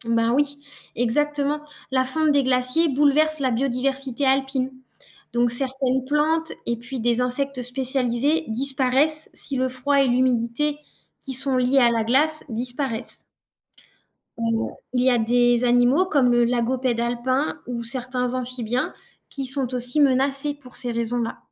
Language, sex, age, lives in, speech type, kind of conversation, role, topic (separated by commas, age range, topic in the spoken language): French, female, 35-39, France, read, expert-assistant, expert, Les paysages formés par les glaciers sont-ils plus spectaculaires que ceux façonnés par les rivières ?